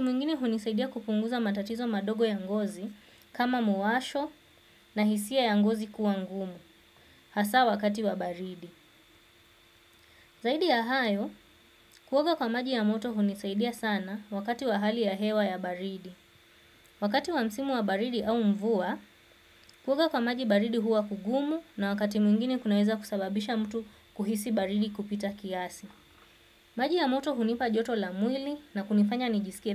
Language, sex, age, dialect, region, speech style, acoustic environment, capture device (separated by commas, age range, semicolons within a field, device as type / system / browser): Swahili, female, 25-34, Kenya - Mombasa, Kenya, focused, silence, desktop / Windows / Chrome